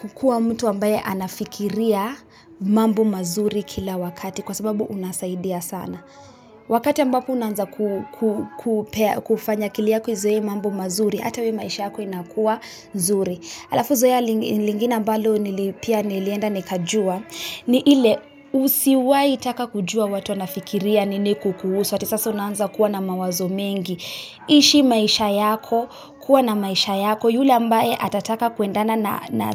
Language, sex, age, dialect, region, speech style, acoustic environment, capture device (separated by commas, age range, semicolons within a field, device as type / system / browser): Swahili, female, 25-34, Kenya - Nairobi Sheng-influenced, Kenya, relaxed; happy; focused, people talking; music, mobile / Linux / Chrome